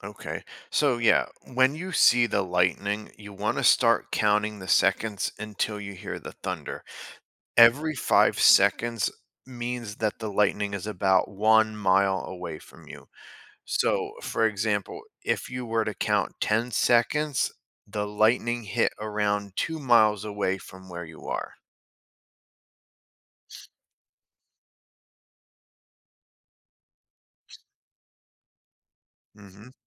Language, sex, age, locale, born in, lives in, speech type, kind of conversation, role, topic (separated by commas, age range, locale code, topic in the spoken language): English, male, 35-39, en-US, United States, United States, read, expert-assistant, expert, What causes lightning during a thunderstorm?